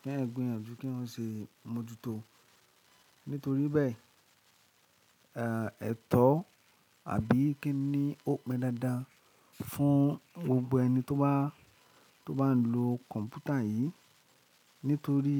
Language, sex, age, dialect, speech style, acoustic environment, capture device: Yoruba, male, 25-34, Nigeria - Lagos Yoruba, happy; excited, nature; silence, mobile / Linux / Chrome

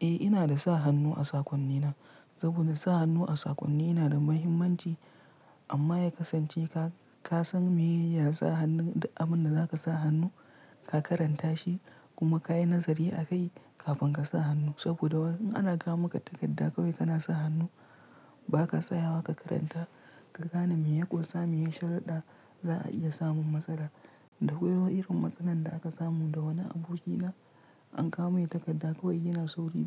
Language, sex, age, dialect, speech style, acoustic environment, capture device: Hausa, male, 25-34, Nigeria - Kano, relaxed; tired; stressed; focused, nature; silence, mobile / Linux / Chrome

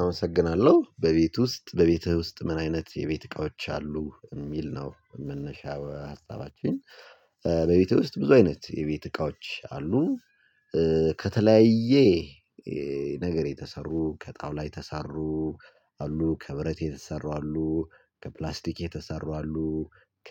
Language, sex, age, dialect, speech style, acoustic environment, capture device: Amharic, male, 25-34, Ethiopia - Gojjam, excited, silence, mobile / Linux / Chrome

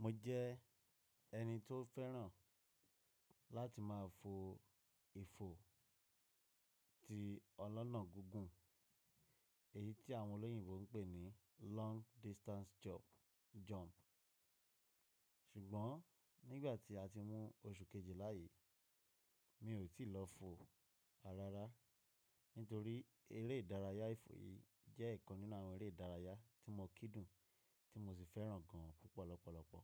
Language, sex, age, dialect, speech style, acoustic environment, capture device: Yoruba, male, 25-34, Nigeria - Nigerian Standard, happy, nature, mobile / Linux / Chrome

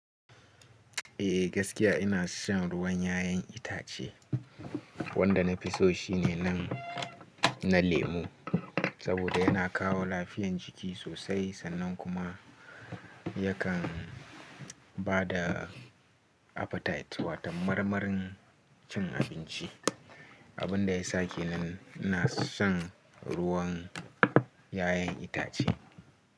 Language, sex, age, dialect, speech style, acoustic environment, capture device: Hausa, male, 18-24, Nigeria - Nigerian Standard, relaxed; focused, nature; silence, mobile / Linux / Chrome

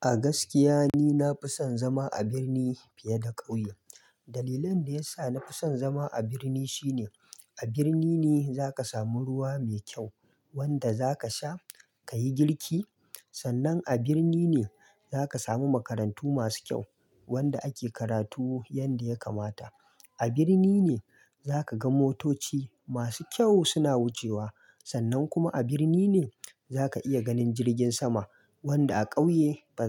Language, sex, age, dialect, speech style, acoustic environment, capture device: Hausa, male, 25-34, Nigeria - Kano, happy; relaxed; excited; focused, silence, mobile / Linux / Chrome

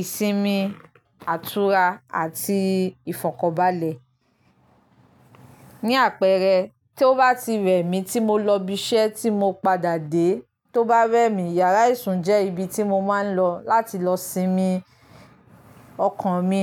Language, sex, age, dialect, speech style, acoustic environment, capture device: Yoruba, female, 25-34, Nigeria - Lagos Yoruba, happy, nature, mobile / Linux / Chrome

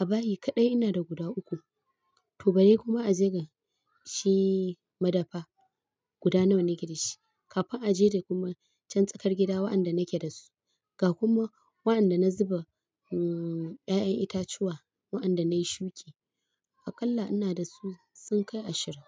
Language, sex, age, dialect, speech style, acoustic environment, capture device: Hausa, female, 25-34, Nigeria - Kano, happy; tired; nervous; stressed, people talking; appliances; traffic; music, mobile / Linux / Chrome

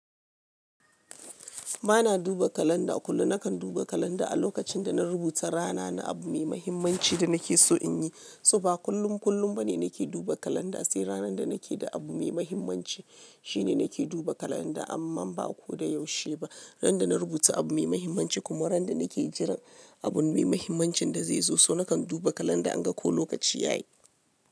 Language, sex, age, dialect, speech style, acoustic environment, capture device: Hausa, female, 35-44, Nigeria - Lagos Yoruba, happy, music, mobile / Linux / Chrome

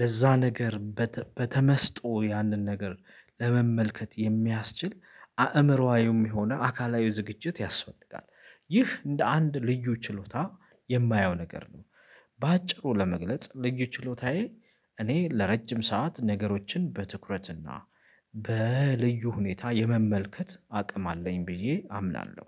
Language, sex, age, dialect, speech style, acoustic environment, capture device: Amharic, male, 25-34, Ethiopia - Addis Ababa, focused, silence, mobile / Linux / Chrome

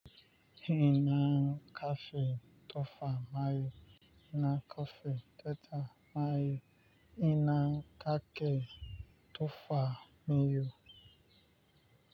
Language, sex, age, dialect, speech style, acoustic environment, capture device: Hausa, male, 25-34, Nigeria - Lagos Yoruba, relaxed, nature, mobile / Linux / Chrome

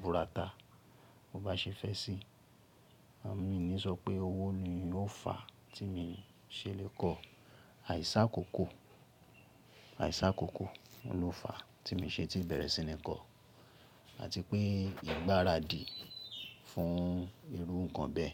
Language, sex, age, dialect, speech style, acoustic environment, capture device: Yoruba, male, 35-44, Nigeria - Ibadan, relaxed, appliances; nature, mobile / Linux / Chrome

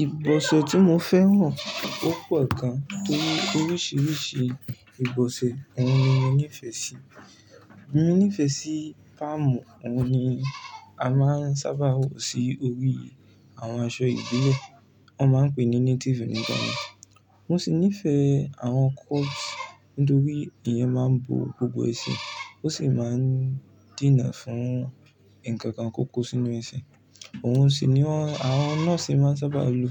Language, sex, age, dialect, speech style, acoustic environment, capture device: Yoruba, male, 18-24, Nigeria - Ibadan, relaxed, silence; nature, mobile / Linux / Chrome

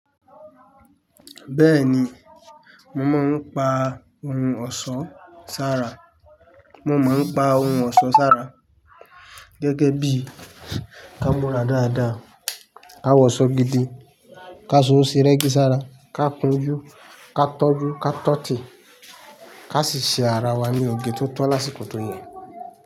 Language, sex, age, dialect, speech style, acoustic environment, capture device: Yoruba, male, 25-34, Nigeria - Ibadan, happy, silence, mobile / Linux / Chrome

